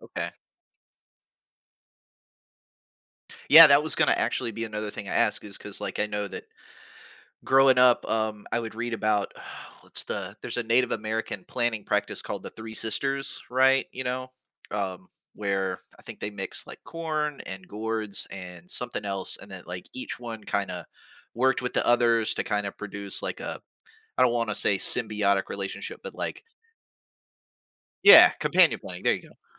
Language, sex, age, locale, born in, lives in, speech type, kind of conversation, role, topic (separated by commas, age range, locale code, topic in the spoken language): English, male, 40-44, en-US, United States, United States, conversation, podcast, host, How does spending time in nature affect your well-being and outlook on life?